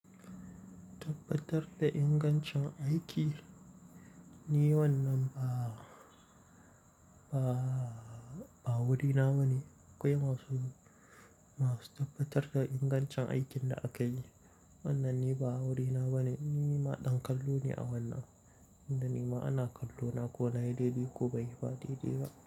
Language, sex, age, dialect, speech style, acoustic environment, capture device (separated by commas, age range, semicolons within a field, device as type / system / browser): Hausa, male, 18-24, Nigeria - Kano, nervous, nature; silence, mobile / Linux / Chrome